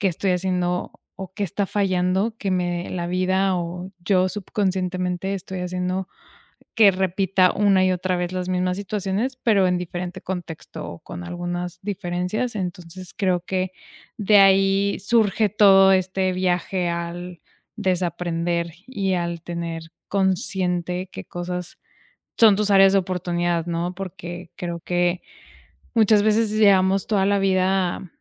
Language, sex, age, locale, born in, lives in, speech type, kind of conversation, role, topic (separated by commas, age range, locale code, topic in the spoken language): Spanish, female, 25-29, es-MX, Mexico, Mexico, conversation, podcast, guest, ¿Qué acción simple recomendarías para empezar a soltar una creencia o hábito hoy?